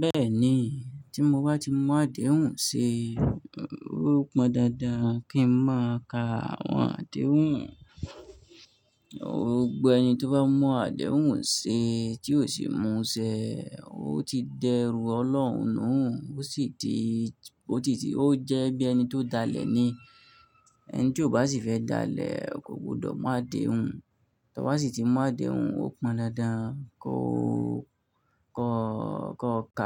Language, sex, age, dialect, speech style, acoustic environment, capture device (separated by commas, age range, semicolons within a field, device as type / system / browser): Yoruba, male, 25-34, Nigeria - Nigerian Standard, focused, nature, mobile / Linux / Chrome